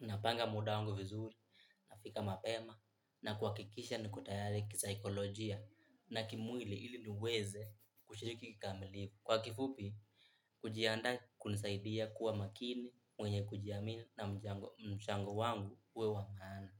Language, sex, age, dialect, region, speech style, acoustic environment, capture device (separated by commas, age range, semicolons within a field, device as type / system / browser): Swahili, male, 18-24, Kenya - Nairobi Sheng-influenced, Kenya, happy, people talking, mobile / Linux / Chrome